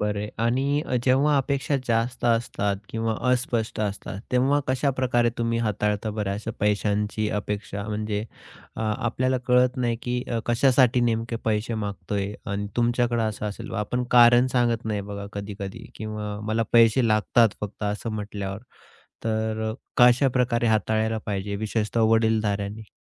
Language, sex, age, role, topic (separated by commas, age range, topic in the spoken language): Marathi, male, 30-34, host, कुटुंबात पैशांबाबत नेहमी कोणत्या अपेक्षा असतात?